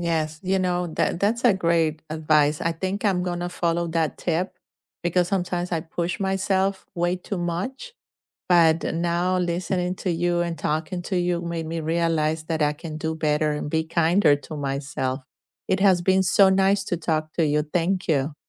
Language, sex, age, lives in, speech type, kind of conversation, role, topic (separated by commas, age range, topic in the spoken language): English, female, 50-54, United States, conversation, unstructured, none, What is your go-to way to stay active during a busy week?